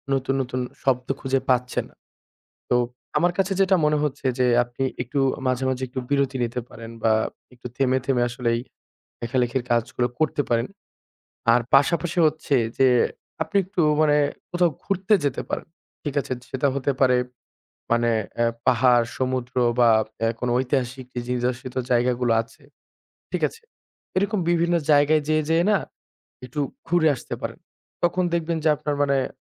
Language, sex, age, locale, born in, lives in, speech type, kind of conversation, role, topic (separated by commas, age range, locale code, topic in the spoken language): Bengali, male, 25-29, bn-BD, Bangladesh, Bangladesh, conversation, advice, advisor, লিখতে গেলে শব্দ থেমে যাচ্ছে—লেখার জড়তা কীভাবে কাটাব?